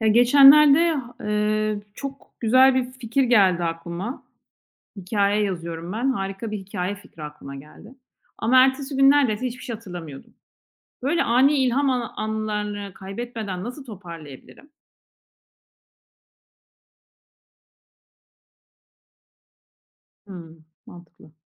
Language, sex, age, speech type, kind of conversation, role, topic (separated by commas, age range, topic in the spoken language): Turkish, female, 40-44, read, expert-assistant, asker, Yaratıcı yazma sürecimde fikirlerimi ve ilhamlarımı nasıl daha iyi düzenleyebilirim?